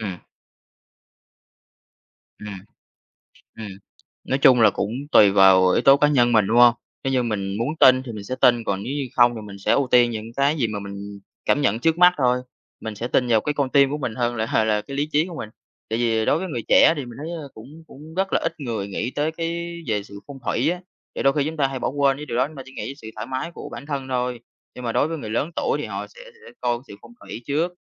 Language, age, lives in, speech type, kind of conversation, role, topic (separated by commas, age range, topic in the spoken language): Vietnamese, 20-24, Vietnam, conversation, podcast, host, Khi chọn mua nhà, bạn chú ý điều gì nhất?